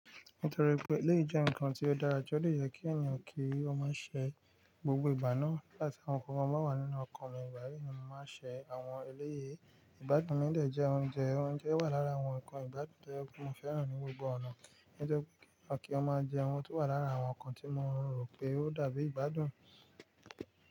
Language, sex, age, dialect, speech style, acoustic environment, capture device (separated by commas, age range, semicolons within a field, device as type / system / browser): Yoruba, male, 25-34, Nigeria - Nigerian Standard, excited, nature, mobile / Linux / Chrome